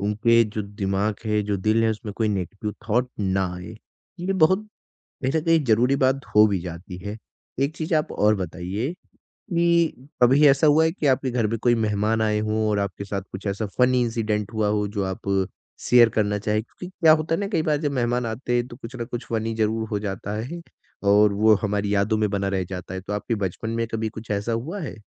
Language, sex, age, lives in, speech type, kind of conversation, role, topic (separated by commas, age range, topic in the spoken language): Hindi, male, 20-24, India, conversation, podcast, host, Mehmaan aane par tumhari mehmaan-nawazi ka signature touch kya hota hai?